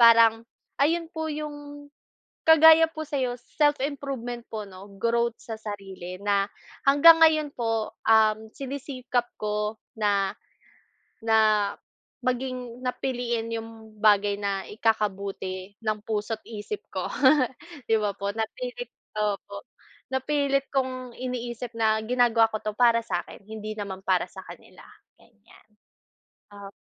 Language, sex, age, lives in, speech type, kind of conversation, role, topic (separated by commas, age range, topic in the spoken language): Filipino, female, 25-29, Philippines, conversation, unstructured, none, Paano nakaapekto sa pagkatao mo ngayon ang opinyon ng iba?